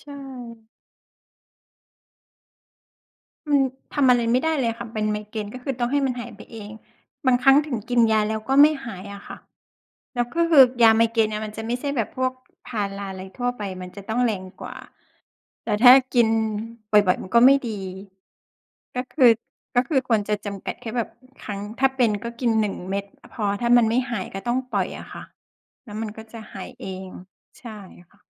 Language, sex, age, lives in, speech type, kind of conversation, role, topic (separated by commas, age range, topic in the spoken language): Thai, female, 40-44, Thailand, conversation, unstructured, none, คุณคิดว่าความเครียดส่งผลต่อร่างกายอย่างไรบ้าง?